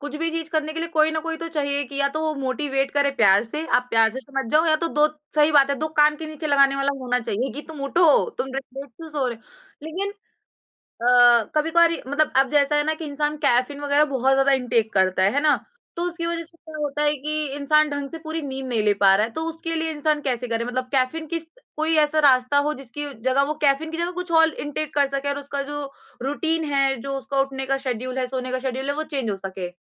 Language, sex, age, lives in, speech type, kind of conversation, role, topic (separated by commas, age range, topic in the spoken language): Hindi, female, 20-24, India, conversation, podcast, host, Subah uthte hi aap apni energy kaise boost karte ho?